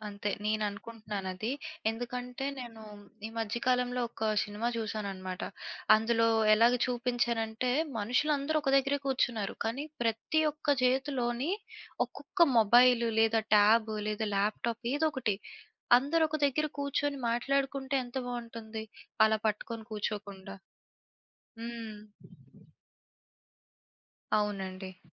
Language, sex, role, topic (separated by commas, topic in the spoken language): Telugu, female, guest, స్మార్ట్‌ఫోన్లు మరో పది సంవత్సరాల్లో ఎలా మారుతాయనిపిస్తుంది?